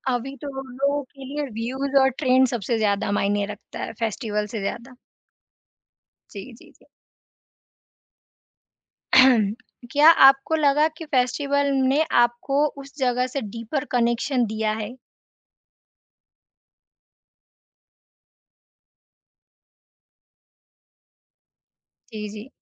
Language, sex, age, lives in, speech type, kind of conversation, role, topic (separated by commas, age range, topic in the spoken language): Hindi, female, 20-24, India, conversation, podcast, host, Local festivals mein tumhe sabse khaas cheez kya lagi?